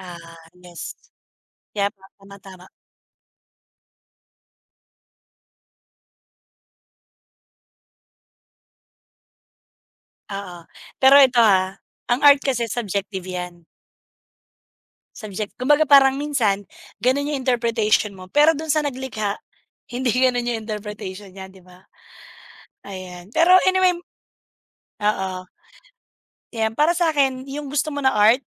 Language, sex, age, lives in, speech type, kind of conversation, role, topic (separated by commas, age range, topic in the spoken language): Filipino, female, 35-39, Philippines, conversation, unstructured, none, Ano ang paborito mong asignatura sa paaralan?